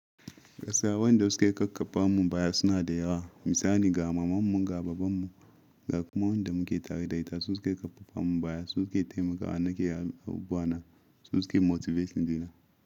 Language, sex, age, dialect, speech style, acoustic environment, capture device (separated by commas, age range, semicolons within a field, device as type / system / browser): Hausa, male, 25-34, Nigeria - Ibadan, happy, silence, mobile / Linux / Chrome